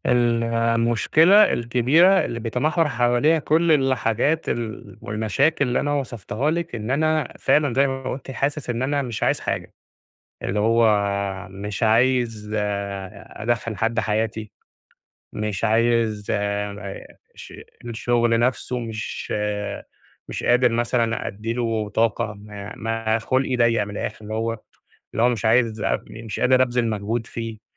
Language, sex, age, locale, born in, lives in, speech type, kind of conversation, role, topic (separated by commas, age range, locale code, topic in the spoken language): Arabic, male, 30-34, ar-EG, Egypt, Egypt, conversation, advice, user, إنت حاسس/حاسة بإرهاق عاطفي واحتراق في الشغل وفقدان للحماس، وده بقاله قد إيه؟